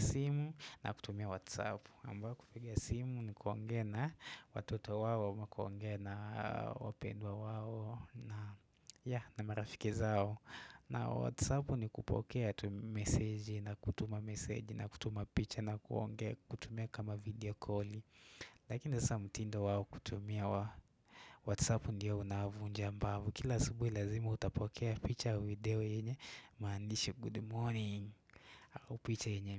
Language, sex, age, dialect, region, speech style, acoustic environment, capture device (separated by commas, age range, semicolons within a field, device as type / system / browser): Swahili, male, 18-24, Kenya - Nairobi Sheng-influenced, Kenya, relaxed, nature, desktop / Windows / Chrome